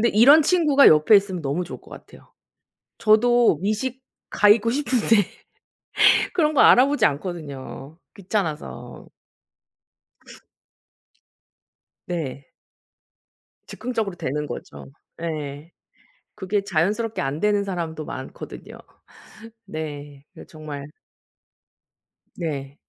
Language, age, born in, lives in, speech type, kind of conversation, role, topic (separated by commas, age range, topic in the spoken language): Korean, 40-44, South Korea, South Korea, conversation, unstructured, none, 여행을 통해 얻은 가장 큰 교훈은 무엇인가요?